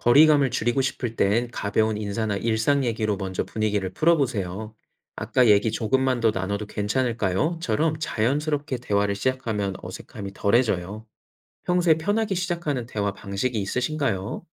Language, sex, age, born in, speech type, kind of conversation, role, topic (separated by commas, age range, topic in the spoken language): Korean, male, 30-34, South Korea, read, expert-assistant, expert, 가까운 사람과 오해가 생겼을 때, 내 생각을 먼저 밝히는 것과 상대방 얘기를 먼저 듣는 것 중 어떤 게 더 나을까요?